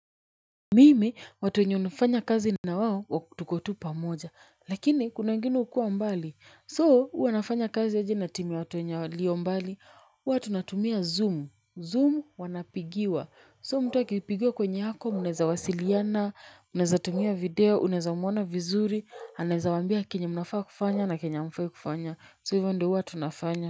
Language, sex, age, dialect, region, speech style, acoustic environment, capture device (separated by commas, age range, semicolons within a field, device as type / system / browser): Swahili, female, 25-34, Kenya - Nairobi Sheng-influenced, Kenya, happy; nervous, people talking; music, mobile / Linux / Chrome